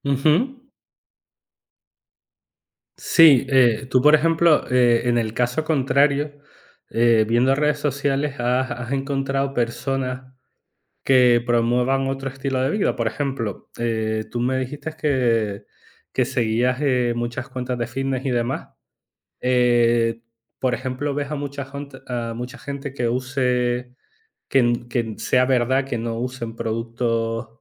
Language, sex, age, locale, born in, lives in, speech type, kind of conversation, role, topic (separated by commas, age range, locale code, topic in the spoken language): Spanish, male, 35-39, es-ES, Spain, Spain, conversation, unstructured, none, ¿Crees que las redes sociales ejercen demasiada presión sobre la imagen corporal?